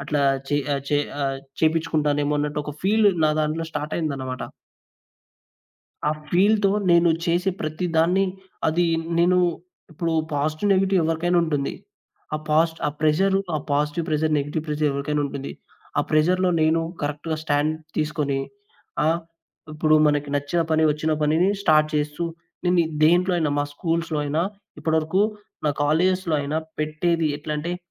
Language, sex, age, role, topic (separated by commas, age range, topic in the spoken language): Telugu, male, 20-24, guest, ప్రజల నుంచి వచ్చిన స్వీకారం మిమ్మల్ని ఎలా ప్రభావితం చేసింది?